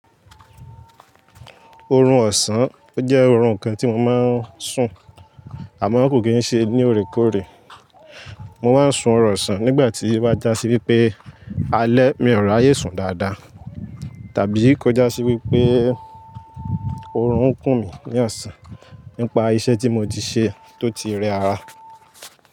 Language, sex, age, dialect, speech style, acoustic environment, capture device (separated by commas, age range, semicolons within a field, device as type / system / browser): Yoruba, male, 18-24, Nigeria - Lagos Yoruba, focused; happy; relaxed, nature, mobile / Linux / Chrome